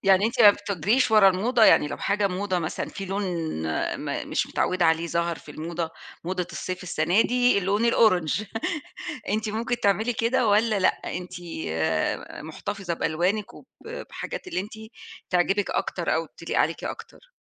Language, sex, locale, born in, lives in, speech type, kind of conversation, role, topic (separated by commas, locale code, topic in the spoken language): Arabic, female, ar-EG, Egypt, Egypt, conversation, podcast, host, إيه أبسط خطوات عملتها عشان تغيّر ستايلك؟